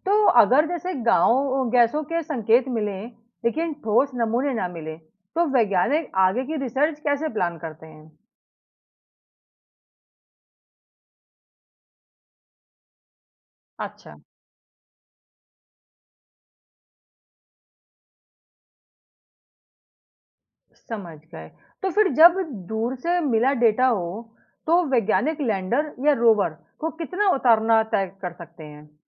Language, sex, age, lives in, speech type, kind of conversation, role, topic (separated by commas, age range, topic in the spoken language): Hindi, female, 35-39, India, read, expert-assistant, asker, अगर हमें किसी नए ग्रह पर जीवन खोजने का अभियान शुरू करना हो, तो उसकी सबसे पहली तैयारी कैसे करें?